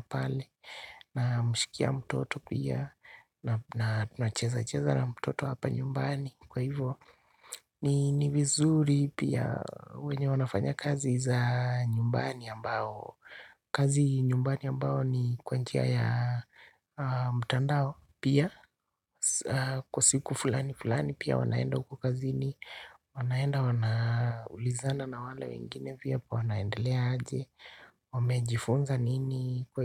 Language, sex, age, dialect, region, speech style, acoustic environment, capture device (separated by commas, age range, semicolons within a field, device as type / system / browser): Swahili, male, 25-34, Kenya - Nairobi Sheng-influenced, Kenya, happy; relaxed; excited, silence, desktop / Linux / Chrome